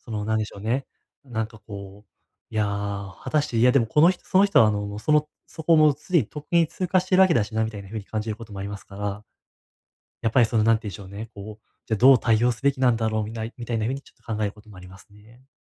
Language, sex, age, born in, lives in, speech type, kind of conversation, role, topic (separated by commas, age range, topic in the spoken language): Japanese, male, 20-24, Japan, Japan, conversation, advice, user, 褒められたとき、どうすれば素直に受け取れますか？